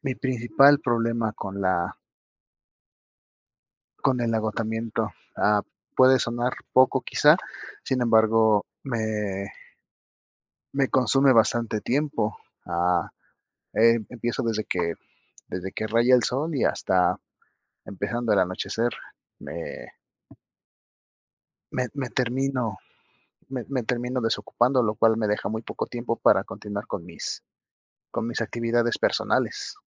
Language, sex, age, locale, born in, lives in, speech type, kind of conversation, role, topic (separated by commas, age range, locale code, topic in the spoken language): Spanish, male, 30-34, es-MX, Mexico, Mexico, conversation, advice, user, ¿Cómo puedo planear una rutina para evitar el agotamiento?